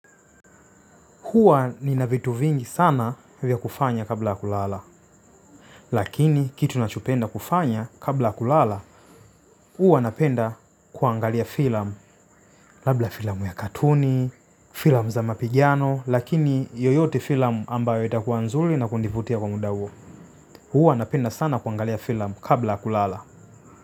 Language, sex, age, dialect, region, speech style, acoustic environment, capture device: Swahili, male, 25-34, Tanzania - Dar es Salaam, Tanzania, tired, silence, mobile / Linux / Chrome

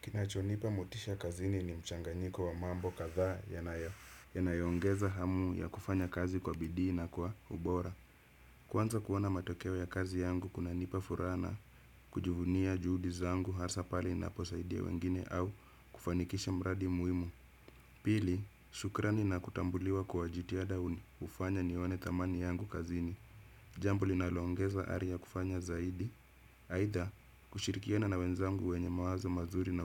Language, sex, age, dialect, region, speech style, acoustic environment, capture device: Swahili, male, 25-34, Kenya - Nairobi Sheng-influenced, Kenya, happy; relaxed; focused; excited, nature; silence, desktop / Windows / Chrome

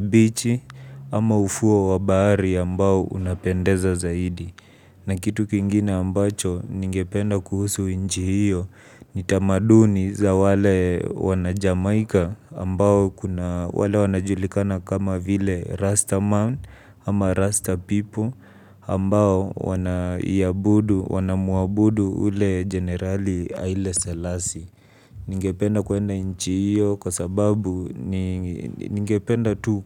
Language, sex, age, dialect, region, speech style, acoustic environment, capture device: Swahili, male, 25-34, Kenya - Nairobi Sheng-influenced, Kenya, relaxed, silence, mobile / Linux / Chrome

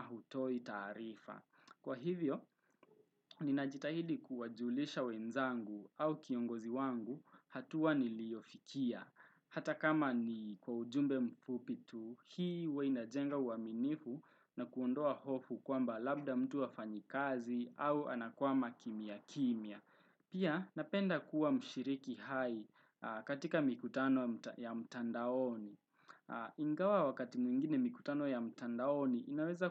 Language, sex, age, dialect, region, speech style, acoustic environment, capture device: Swahili, male, 18-24, Kenya - Nairobi Sheng-influenced, Kenya, relaxed; anxious, traffic, desktop / Windows / Chrome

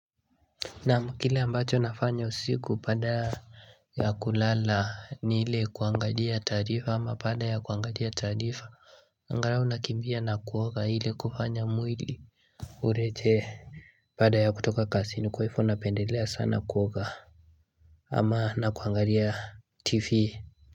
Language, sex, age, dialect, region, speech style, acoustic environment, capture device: Swahili, male, 18-24, Kenya - Nairobi Sheng-influenced, Kenya, focused, silence, mobile / Linux / Chrome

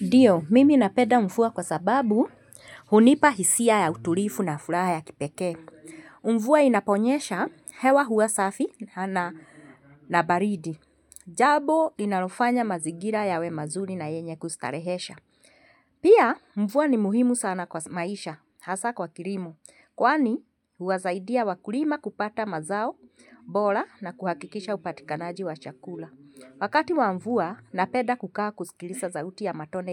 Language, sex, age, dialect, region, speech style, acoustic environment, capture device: Swahili, female, 45-54, Kenya - Nairobi Sheng-influenced, Kenya, anxious, silence, mobile / Linux / Chrome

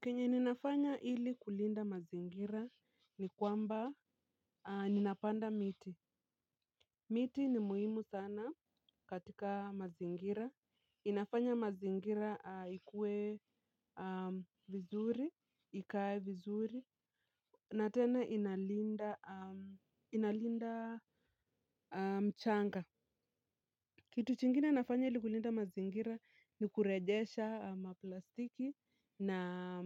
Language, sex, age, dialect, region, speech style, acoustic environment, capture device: Swahili, female, 25-34, Kenya - Nairobi Sheng-influenced, Kenya, relaxed, nature, mobile / Linux / Chrome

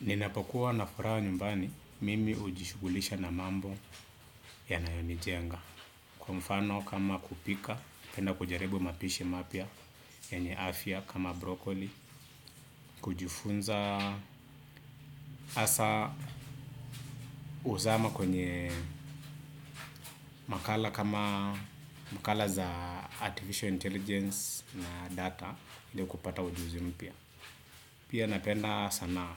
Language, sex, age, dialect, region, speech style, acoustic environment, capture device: Swahili, male, 25-34, Kenya - Nairobi Sheng-influenced, Kenya, relaxed, appliances, desktop / Windows / Chrome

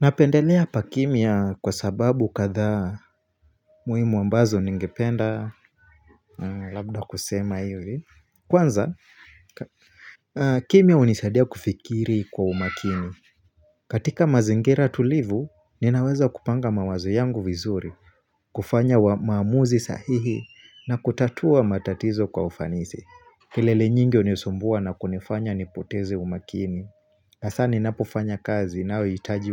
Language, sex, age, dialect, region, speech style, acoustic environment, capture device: Swahili, male, 25-34, Kenya - Mombasa, Kenya, focused; relaxed, silence; nature, mobile / Linux / Chrome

